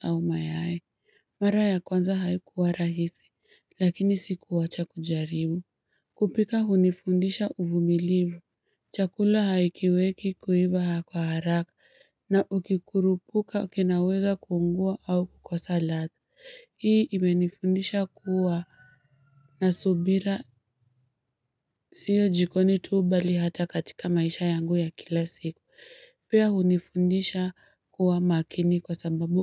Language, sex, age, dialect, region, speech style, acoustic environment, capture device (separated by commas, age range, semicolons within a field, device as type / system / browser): Swahili, female, 25-34, Kenya - Nairobi Sheng-influenced, Kenya, happy; excited; focused, traffic; nature; silence, mobile / Linux / Chrome